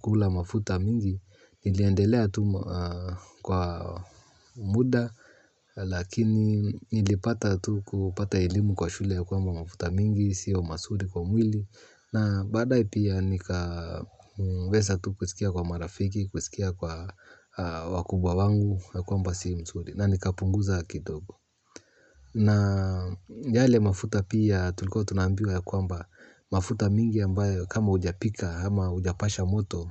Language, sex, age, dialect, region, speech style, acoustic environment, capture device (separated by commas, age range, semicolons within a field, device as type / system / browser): Swahili, male, 35-44, Kenya - Nairobi Sheng-influenced, Kenya, relaxed, silence, mobile / Linux / Chrome